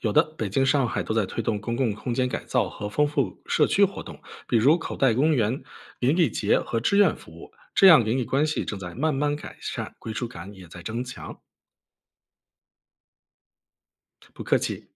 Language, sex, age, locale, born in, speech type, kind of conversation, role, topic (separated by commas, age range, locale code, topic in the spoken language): Chinese, male, 35-39, zh-CN, China, read, expert-assistant, expert, 听说住在城市里的人比小城市或农村更孤独，这种说法可靠吗？